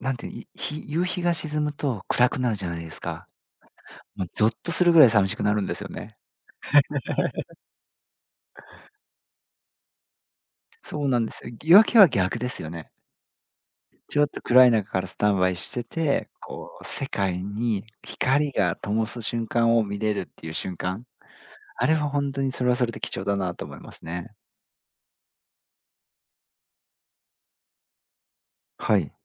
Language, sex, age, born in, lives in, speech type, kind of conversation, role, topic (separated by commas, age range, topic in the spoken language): Japanese, male, 40-44, Japan, Japan, conversation, podcast, guest, 夜明けや夕暮れに心が動いたのはどんな瞬間ですか？